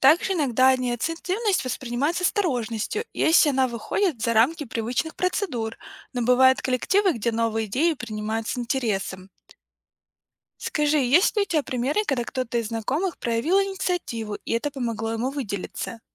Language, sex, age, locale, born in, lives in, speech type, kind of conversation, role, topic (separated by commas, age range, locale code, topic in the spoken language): Russian, female, 25-29, ru-RU, Ukraine, United States, read, expert-assistant, expert, Чем отличается работа в государственной структуре от работы в частной компании?